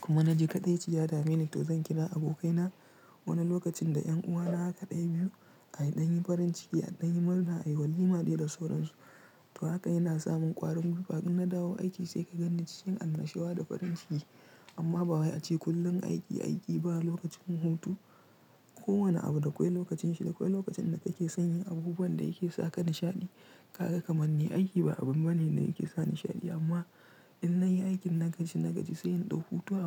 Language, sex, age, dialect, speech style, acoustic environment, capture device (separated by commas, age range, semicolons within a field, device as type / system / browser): Hausa, male, 25-34, Nigeria - Nigerian Standard, relaxed; tired; focused; stressed, silence; nature, mobile / Linux / Chrome